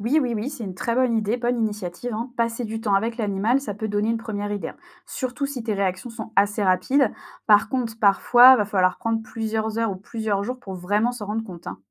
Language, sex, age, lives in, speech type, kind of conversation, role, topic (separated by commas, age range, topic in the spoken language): French, female, 25-29, France, read, expert-assistant, expert, Est-il vrai que certaines races de chiens ou de chats sont hypoallergéniques, ou s'agit-il d'un mythe largement répandu ?